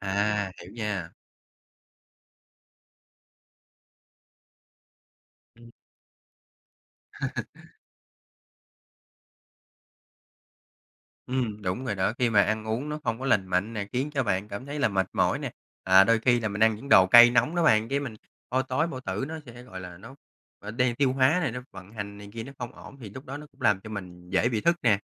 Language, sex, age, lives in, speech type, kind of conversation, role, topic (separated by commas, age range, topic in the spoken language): Vietnamese, male, 30-34, Vietnam, conversation, advice, advisor, Vì sao tôi bị mất ngủ khi cứ lo lắng về tương lai?